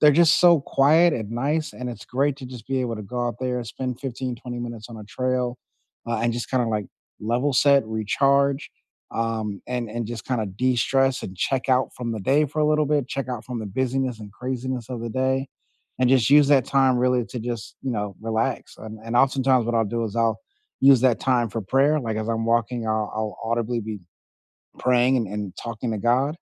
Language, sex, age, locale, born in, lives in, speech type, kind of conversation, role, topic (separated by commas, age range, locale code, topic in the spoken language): English, male, 40-44, en-US, United States, United States, conversation, unstructured, none, Which parks, trails, or quiet outdoor spots help you recharge, and what makes them special to you?